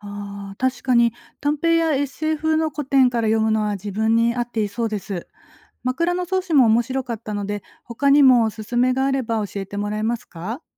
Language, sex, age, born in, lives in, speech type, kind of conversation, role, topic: Japanese, female, 40-44, Japan, Japan, read, expert-assistant, asker, 古典文学を楽しみながら読むコツってありますか？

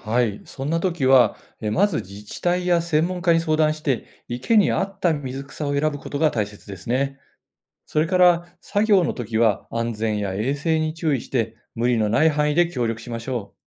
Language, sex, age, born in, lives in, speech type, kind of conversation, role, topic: Japanese, male, 55-59, Japan, Japan, read, expert-assistant, expert, 近くの池でカエルの声が聞こえなくなったのは、どうしてでしょうか？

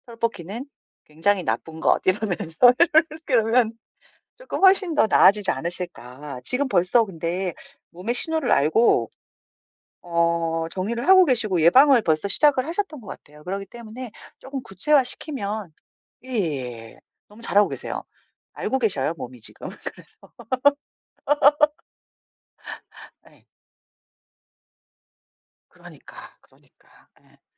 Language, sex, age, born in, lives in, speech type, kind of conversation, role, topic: Korean, female, 40-44, South Korea, South Korea, conversation, advice, advisor, 주변 환경을 바꿔서 나쁜 습관을 고칠 수 있을까요?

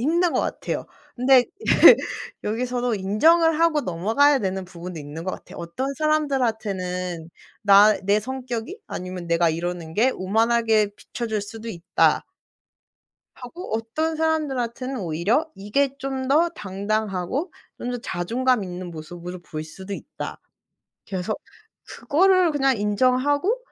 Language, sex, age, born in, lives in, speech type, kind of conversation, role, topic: Korean, female, 25-29, South Korea, Germany, conversation, podcast, guest, 부모 세대의 가치관이 당신의 삶에 어떤 영향을 미치나요?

